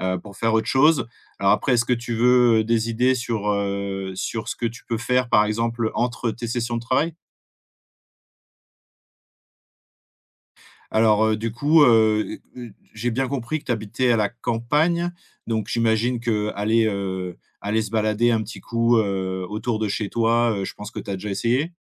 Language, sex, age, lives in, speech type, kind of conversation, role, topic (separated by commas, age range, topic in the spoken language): French, male, 35-39, France, conversation, advice, advisor, Comment s’est passée votre transition vers le télétravail et quelles difficultés rencontrez-vous pour instaurer des limites entre vie professionnelle et vie personnelle ?